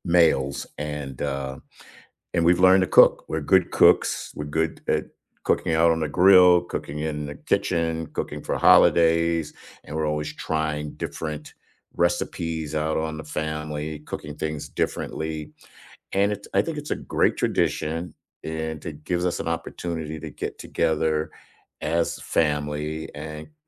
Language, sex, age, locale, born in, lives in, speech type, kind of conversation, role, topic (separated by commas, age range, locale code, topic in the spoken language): English, male, 65-69, en-US, United States, United States, conversation, unstructured, none, What traditions have you created with roommates, friends, or family?